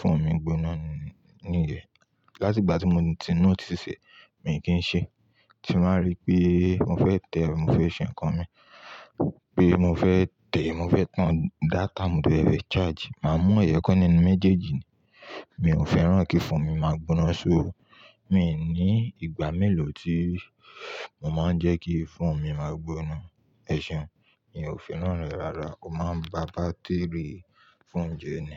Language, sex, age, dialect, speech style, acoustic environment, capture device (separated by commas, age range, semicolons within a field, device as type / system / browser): Yoruba, male, 25-34, Nigeria - Ibadan, happy; relaxed, nature, mobile / Linux / Chrome